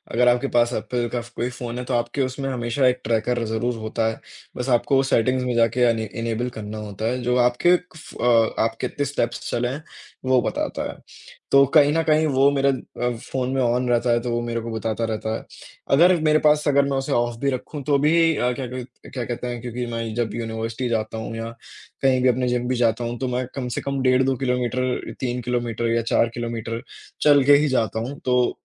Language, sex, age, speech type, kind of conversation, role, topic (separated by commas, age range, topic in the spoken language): Hindi, male, 20-24, conversation, unstructured, none, क्या आप फिटनेस ट्रैकर के बिना रह सकते हैं?